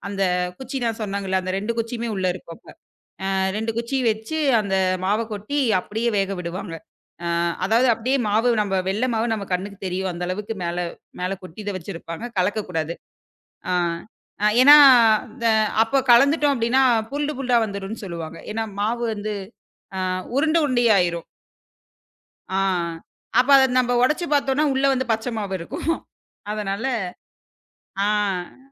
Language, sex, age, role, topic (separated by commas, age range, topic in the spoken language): Tamil, female, 25-29, guest, பாரம்பரியக் குடும்ப சமையல் செய்முறையை நீங்கள் எப்படிச் சேமித்து தலைமுறைகளுக்கு கடத்துகிறீர்கள்?